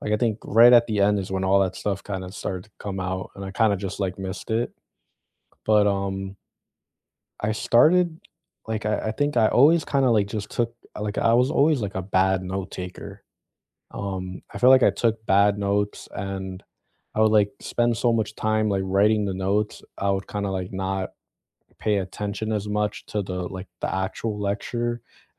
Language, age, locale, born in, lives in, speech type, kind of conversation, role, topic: English, 25-29, en-US, United States, United States, conversation, unstructured, none, Which study hacks have truly worked for you, and what stories made them stick?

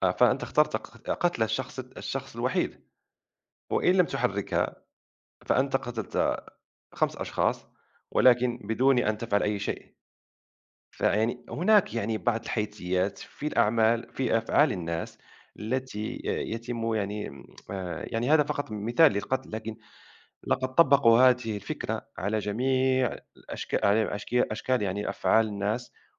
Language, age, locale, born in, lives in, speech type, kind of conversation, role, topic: Arabic, 30-34, ar-MA, Morocco, France, conversation, unstructured, none, إيه دور الفلسفة في تشكيل القيم الاجتماعية؟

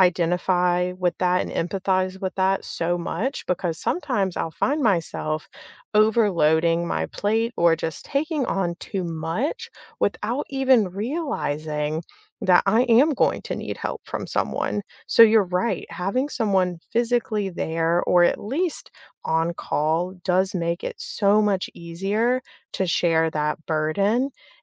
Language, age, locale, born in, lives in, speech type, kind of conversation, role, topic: English, 30-34, en-US, United States, United States, conversation, unstructured, none, What kind of support is most helpful to you when life gets busy?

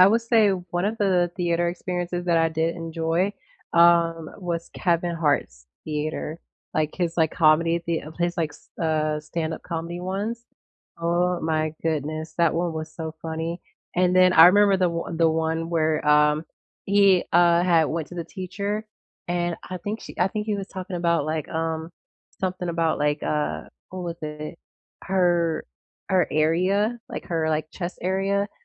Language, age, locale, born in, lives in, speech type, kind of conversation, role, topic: English, 18-19, en-US, United States, United States, conversation, unstructured, none, What live theater experiences have given you goosebumps?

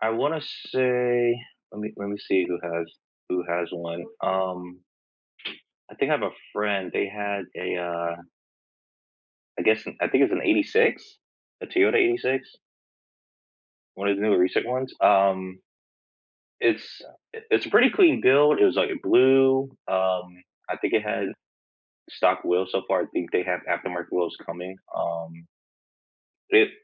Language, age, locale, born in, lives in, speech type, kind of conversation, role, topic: English, 25-29, en-US, United States, United States, conversation, unstructured, none, What hobby are you most excited to start this year?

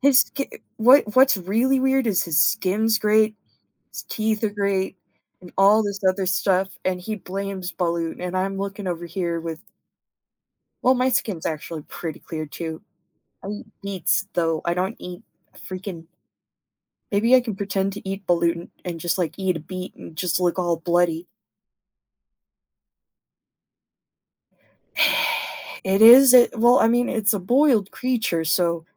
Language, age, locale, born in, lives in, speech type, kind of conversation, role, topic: English, 25-29, en-US, United States, United States, conversation, advice, user, How can I talk to my partner about a habit that makes me feel disgusted?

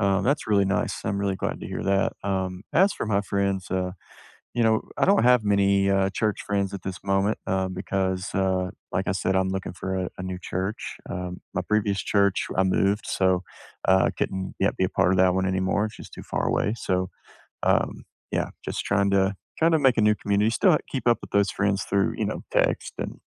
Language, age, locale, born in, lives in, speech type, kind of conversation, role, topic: English, 30-34, en-US, United States, United States, conversation, unstructured, none, What small joy made your week a little better, and why did it matter to you?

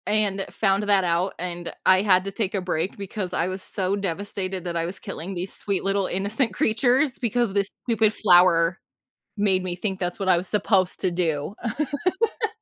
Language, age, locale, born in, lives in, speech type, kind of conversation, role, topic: English, 30-34, en-US, United States, United States, conversation, unstructured, none, How does humor affect our enjoyment of entertainment and storytelling?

